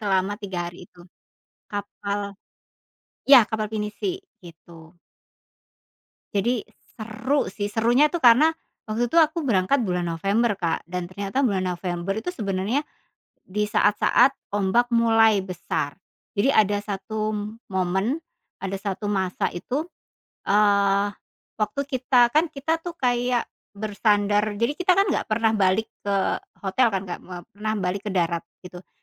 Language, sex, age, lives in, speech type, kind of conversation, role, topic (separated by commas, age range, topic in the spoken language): Indonesian, female, 40-44, Indonesia, conversation, podcast, guest, Pernahkah kamu punya foto yang awalnya terlihat biasa saja, tetapi ternyata menyimpan cerita besar?